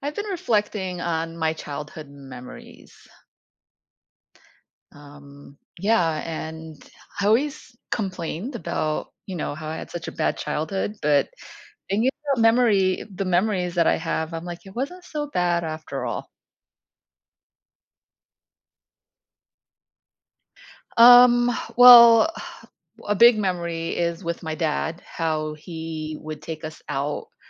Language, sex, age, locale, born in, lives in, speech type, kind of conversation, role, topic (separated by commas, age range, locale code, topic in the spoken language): English, female, 50-54, en-US, United States, United States, conversation, advice, user, How do I understand the impact of my childhood memories on my life now?